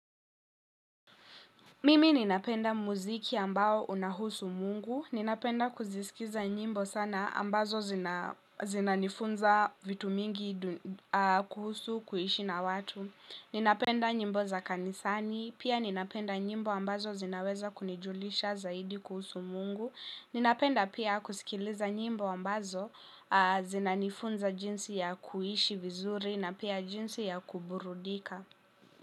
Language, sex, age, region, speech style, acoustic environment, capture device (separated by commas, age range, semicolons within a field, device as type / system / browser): Swahili, female, 18-24, Kenya, happy, people talking, mobile / Linux / Chrome